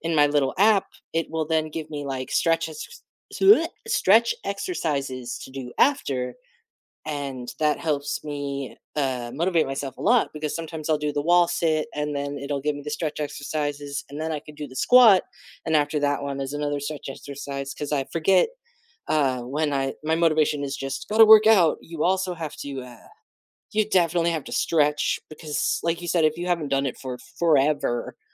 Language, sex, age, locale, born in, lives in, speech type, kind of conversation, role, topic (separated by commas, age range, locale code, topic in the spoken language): English, male, 30-34, en-US, United States, United States, conversation, unstructured, none, How do you usually motivate yourself to exercise?